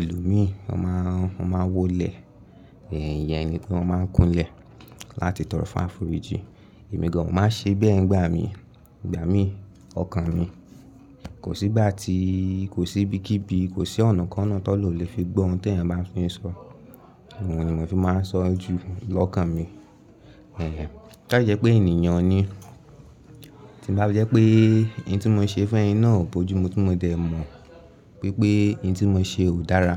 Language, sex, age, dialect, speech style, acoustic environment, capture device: Yoruba, male, 18-24, Nigeria - Lagos Yoruba, focused, people talking, mobile / Linux / Chrome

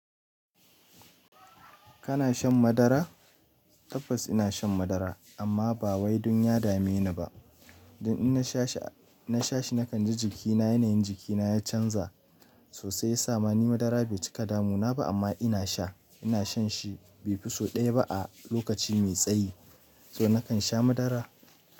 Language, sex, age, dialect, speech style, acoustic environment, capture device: Hausa, male, 25-34, Nigeria - Nigerian Standard, happy; excited; focused, silence, mobile / Linux / Chrome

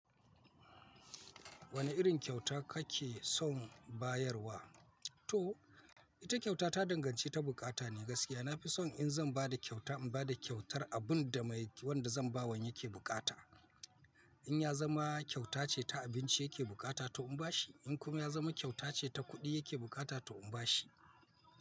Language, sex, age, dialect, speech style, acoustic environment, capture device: Hausa, male, 45-54, Nigeria - Kano, focused, people talking; nature, mobile / Linux / Chrome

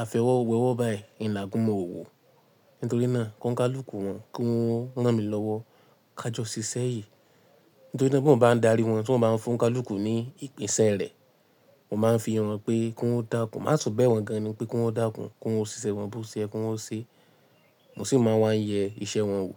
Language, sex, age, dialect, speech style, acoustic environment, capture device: Yoruba, male, 18-24, Nigeria - Ibadan, happy, silence, mobile / Linux / Chrome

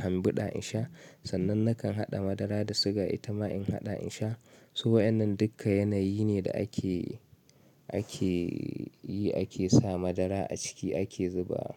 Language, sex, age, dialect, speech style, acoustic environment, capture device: Hausa, male, 25-34, Nigeria - Kano, anxious; nervous, silence, mobile / Linux / Chrome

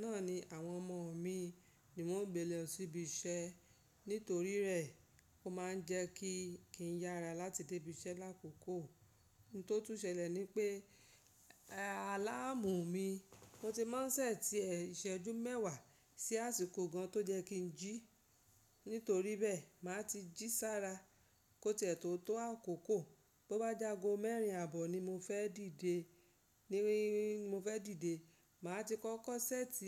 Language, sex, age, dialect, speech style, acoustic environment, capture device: Yoruba, female, 35-44, Nigeria - Lagos Yoruba, happy; excited, silence, mobile / Linux / Chrome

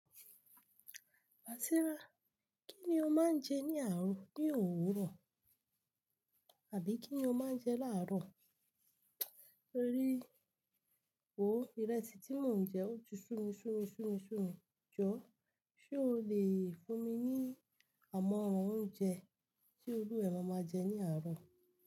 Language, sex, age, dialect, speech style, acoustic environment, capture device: Yoruba, female, 25-34, Nigeria - Lagos Yoruba, relaxed; focused, silence, mobile / Linux / Chrome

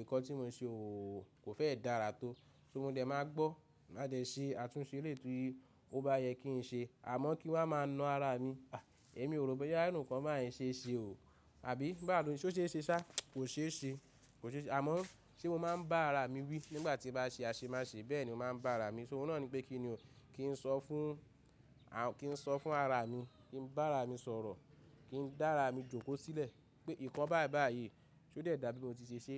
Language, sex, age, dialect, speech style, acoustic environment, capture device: Yoruba, male, 25-34, Nigeria - Ibadan, excited, nature, mobile / Linux / Chrome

